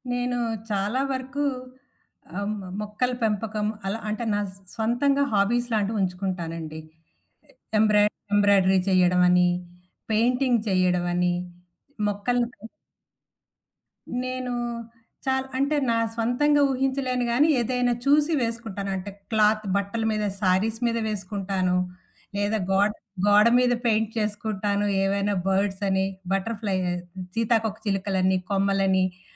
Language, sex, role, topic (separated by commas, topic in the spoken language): Telugu, female, guest, తక్కువ సమయంలో ఎక్కువ ఆనందం పొందడానికి మీరు అనుసరించే చిన్న చిట్కాలు ఏవి?